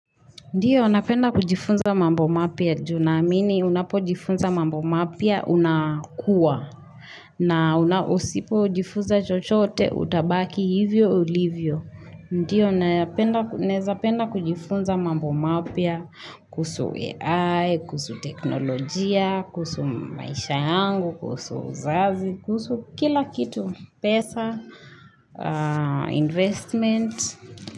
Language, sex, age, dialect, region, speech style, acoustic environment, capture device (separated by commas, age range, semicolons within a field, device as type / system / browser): Swahili, female, 25-34, Kenya - Nairobi Sheng-influenced, Kenya, relaxed, nature, mobile / Linux / Chrome